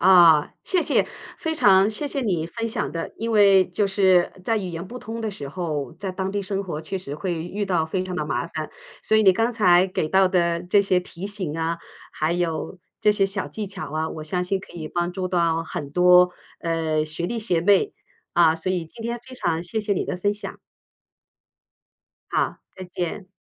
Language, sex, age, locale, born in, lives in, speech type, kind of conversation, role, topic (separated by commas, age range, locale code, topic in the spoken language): Chinese, female, 50-54, zh-CN, China, United States, conversation, podcast, host, 当你语言不太通时，你是怎么适应新文化的？